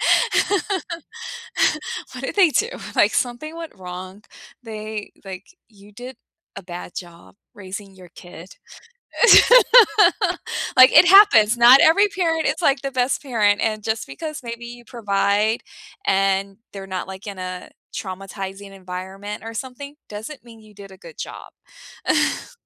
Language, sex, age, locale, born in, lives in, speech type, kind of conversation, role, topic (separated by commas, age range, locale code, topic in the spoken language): English, female, 35-39, en-US, United States, United States, conversation, unstructured, none, How can you make living with someone feel fair when your chores and preferences are so different?